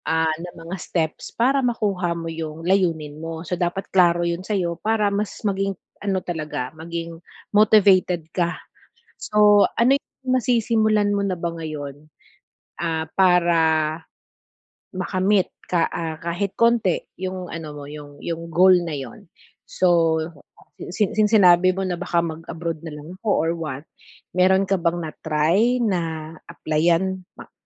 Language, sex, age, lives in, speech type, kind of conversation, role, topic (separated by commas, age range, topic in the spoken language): Filipino, female, 40-44, Philippines, conversation, advice, advisor, Paano ko mapananatili ang motibasyon kapag parang wala akong progreso?